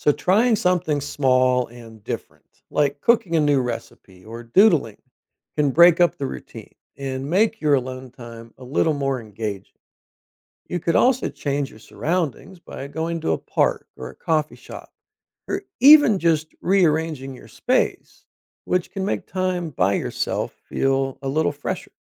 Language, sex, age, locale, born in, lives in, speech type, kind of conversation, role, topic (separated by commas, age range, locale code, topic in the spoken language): English, male, 50-54, en-US, United States, United States, read, expert-assistant, expert, What are the benefits of spending time alone?